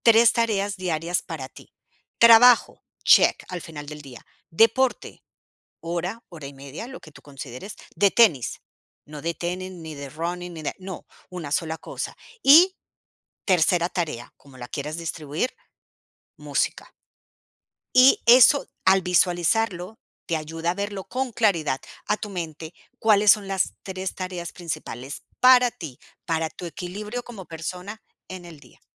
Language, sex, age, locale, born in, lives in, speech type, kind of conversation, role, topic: Spanish, female, 45-49, es-CO, Colombia, United States, conversation, advice, advisor, ¿Cómo puedo encontrar tiempo para dedicarle a la creatividad?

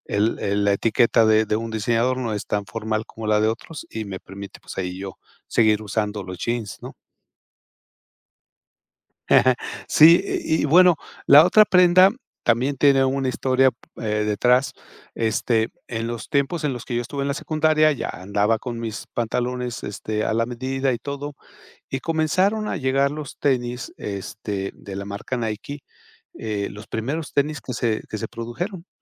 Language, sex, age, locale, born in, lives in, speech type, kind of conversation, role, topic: Spanish, male, 55-59, es-MX, Mexico, Mexico, conversation, podcast, guest, ¿Cuál es la historia detrás de una prenda que usas siempre?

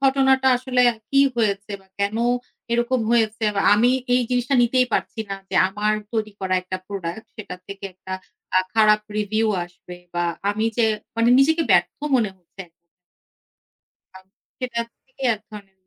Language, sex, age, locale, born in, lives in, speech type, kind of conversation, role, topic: Bengali, female, 35-39, bn-BD, Bangladesh, Finland, conversation, advice, user, খারাপ গ্রাহক পর্যালোচনা বা জনসমক্ষে ব্যর্থতার পর আপনি কীভাবে লজ্জা ও উদ্বেগ সামলাচ্ছেন?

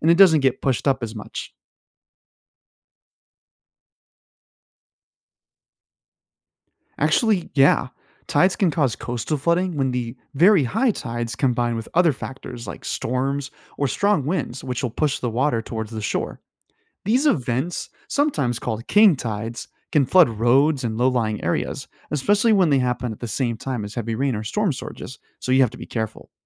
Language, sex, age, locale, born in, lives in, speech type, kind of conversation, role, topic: English, male, 25-29, en-US, United States, United States, read, expert-assistant, expert, How do tides and rising sea levels affect coastal flooding?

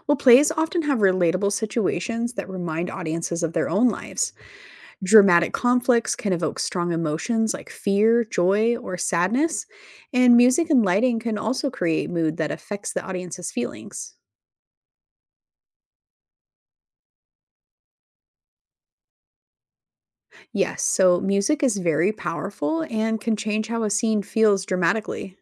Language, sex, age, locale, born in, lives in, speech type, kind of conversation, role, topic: English, female, 35-39, en-US, United States, United States, read, expert-assistant, expert, How does theater contribute to emotional expression?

